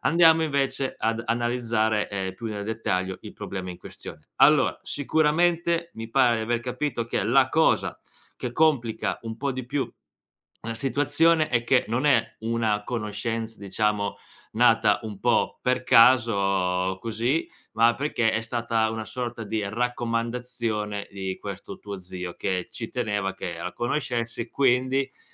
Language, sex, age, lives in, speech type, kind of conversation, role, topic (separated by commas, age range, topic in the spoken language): Italian, male, 25-29, Italy, conversation, advice, advisor, Come posso smettere di sentirmi obbligato a mantenere relazioni tossiche per paura del giudizio?